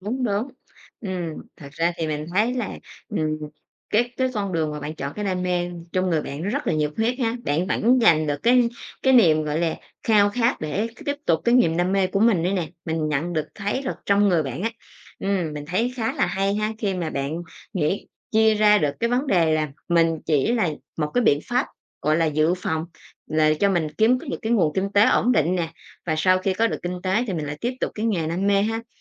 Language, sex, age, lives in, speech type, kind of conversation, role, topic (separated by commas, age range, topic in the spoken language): Vietnamese, female, 30-34, Vietnam, conversation, podcast, host, Bạn thường chọn nghề theo đam mê hay ưu tiên sự an toàn?